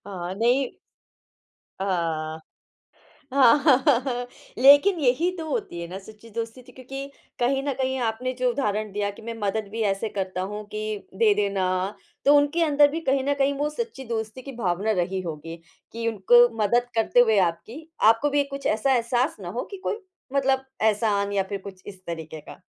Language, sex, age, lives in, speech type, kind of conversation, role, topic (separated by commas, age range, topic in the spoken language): Hindi, female, 35-39, India, conversation, podcast, host, आप कैसे पहचानते हैं कि कोई सच्चा दोस्त है?